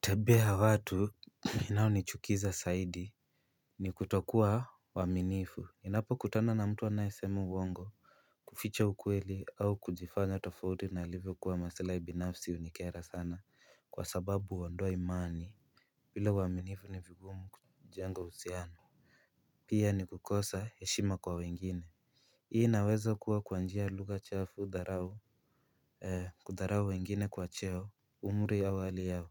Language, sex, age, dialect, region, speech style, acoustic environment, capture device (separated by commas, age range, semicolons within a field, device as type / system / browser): Swahili, male, 18-24, Kenya - Nairobi Sheng-influenced, Kenya, relaxed, silence, mobile / Linux / Chrome